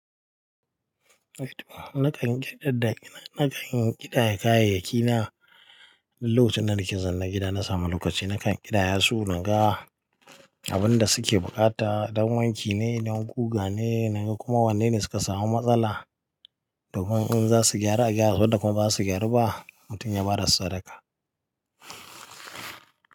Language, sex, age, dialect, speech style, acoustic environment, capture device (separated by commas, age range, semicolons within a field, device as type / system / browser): Hausa, male, 25-34, Nigeria - Katsina, happy, people talking, mobile / Linux / Chrome